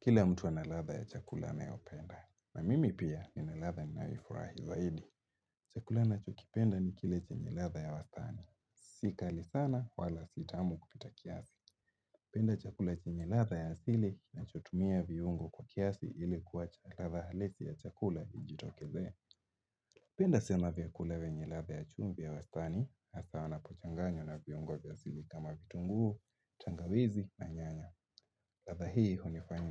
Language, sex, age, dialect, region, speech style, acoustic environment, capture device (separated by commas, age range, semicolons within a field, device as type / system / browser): Swahili, male, 18-24, Kenya - Mombasa, Kenya, happy, nature, mobile / Linux / Chrome